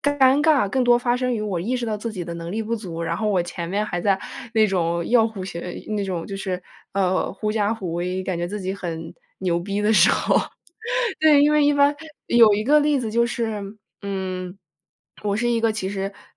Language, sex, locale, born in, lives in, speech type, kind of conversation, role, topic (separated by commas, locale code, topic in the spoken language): Chinese, female, zh-CN, United States, United States, conversation, advice, user, 尴尬之后我该如何重新找回自信？